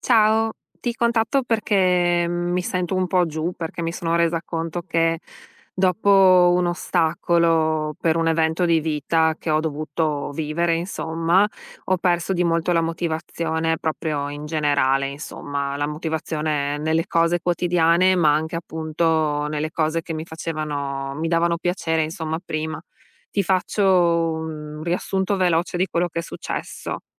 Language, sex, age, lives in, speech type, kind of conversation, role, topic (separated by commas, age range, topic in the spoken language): Italian, female, 35-39, United States, conversation, advice, user, Come posso recuperare la motivazione dopo un primo fallimento o un ostacolo?